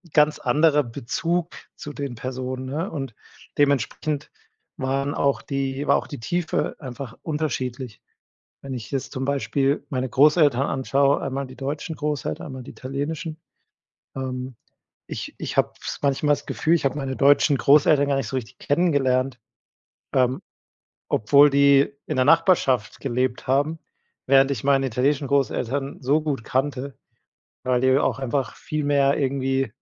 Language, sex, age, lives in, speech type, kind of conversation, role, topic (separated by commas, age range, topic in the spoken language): German, male, 30-34, Germany, conversation, podcast, guest, Wie wichtig ist dir Authentizität, wenn du dich ausdrückst?